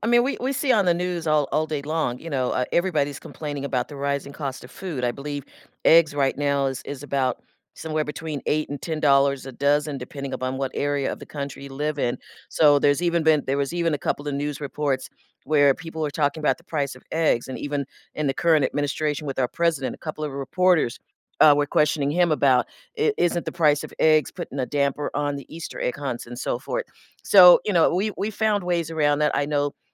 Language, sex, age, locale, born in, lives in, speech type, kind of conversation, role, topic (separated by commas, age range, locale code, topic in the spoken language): English, female, 60-64, en-US, United States, United States, conversation, podcast, guest, How do shared meals and drinks shape our most meaningful celebrations?